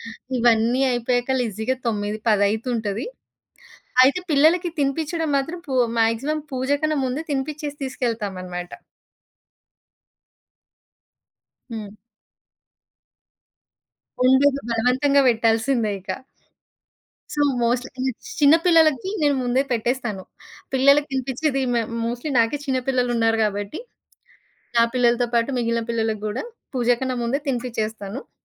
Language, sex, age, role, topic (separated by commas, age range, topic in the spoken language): Telugu, female, 30-34, guest, మీ ఇంట్లో పండుగలను ఉత్సాహంగా, అందరూ భాగస్వాములయ్యేలా ఎలా జరుపుకుంటారు?